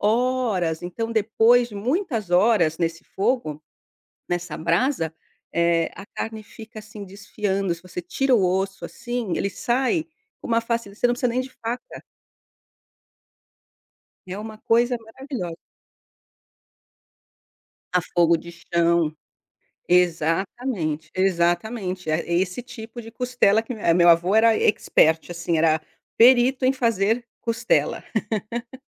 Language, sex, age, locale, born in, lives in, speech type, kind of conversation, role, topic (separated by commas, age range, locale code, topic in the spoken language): Portuguese, female, 50-54, pt-BR, Brazil, Portugal, conversation, podcast, guest, Qual é a sua lembrança de comida mais marcante da infância?